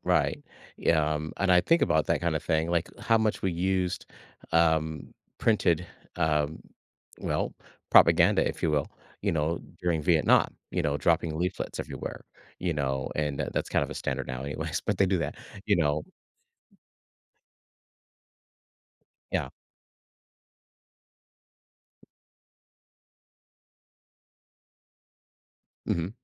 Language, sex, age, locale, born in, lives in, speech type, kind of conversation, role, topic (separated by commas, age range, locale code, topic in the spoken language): English, male, 55-59, en-US, United States, United States, conversation, podcast, host, How did making information more accessible with the printing press shape society and culture?